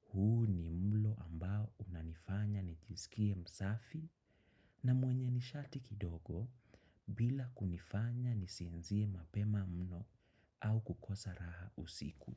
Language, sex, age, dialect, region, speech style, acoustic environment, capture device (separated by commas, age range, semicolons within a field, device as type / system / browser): Swahili, male, 25-34, Kenya - Nairobi Sheng-influenced, Kenya, excited; focused; nervous, silence, desktop / macOS / Chrome